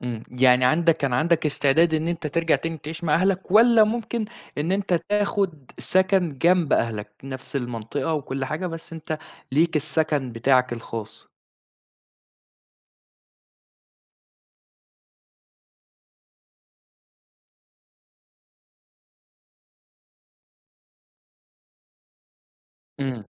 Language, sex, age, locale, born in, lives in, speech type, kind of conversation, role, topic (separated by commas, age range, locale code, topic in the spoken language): Arabic, male, 20-24, ar-EG, Saudi Arabia, Egypt, conversation, podcast, host, إحكيلي عن أول مرة سكنت بعيد عن أهلك؟